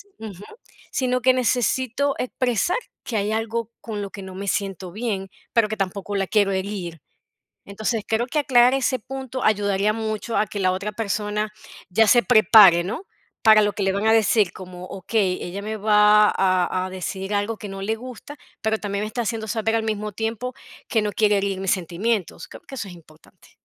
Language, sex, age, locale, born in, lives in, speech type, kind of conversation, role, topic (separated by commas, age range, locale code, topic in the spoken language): Spanish, female, 40-44, es-VE, Venezuela, United States, conversation, unstructured, none, ¿Cómo expresas tus sentimientos sin herir a los demás?